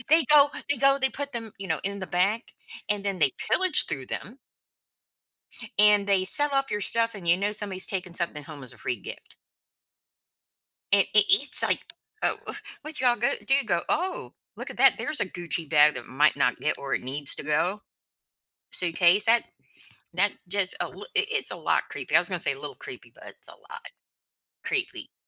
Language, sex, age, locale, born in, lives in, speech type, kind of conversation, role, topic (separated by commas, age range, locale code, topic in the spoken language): English, female, 55-59, en-US, United States, United States, conversation, podcast, host, How can people overcome common anxieties and build confidence when traveling?